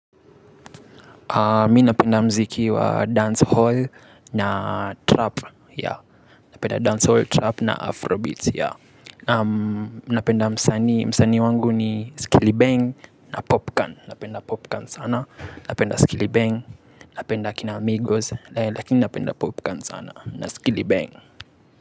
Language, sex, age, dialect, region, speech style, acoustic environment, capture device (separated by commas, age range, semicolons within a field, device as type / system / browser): Swahili, male, 18-24, Kenya - Nairobi Sheng-influenced, Kenya, happy; relaxed, silence, mobile / Linux / Chrome